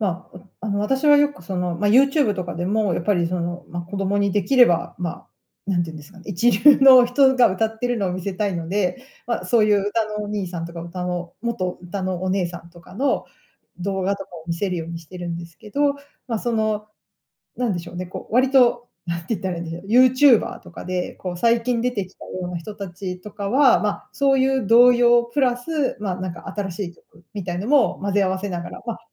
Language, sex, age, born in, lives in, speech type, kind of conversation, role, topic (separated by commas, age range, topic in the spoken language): Japanese, female, 40-44, Japan, United States, conversation, podcast, guest, 最近よく聴いている曲は何ですか？なぜその曲をよく聴いているのですか？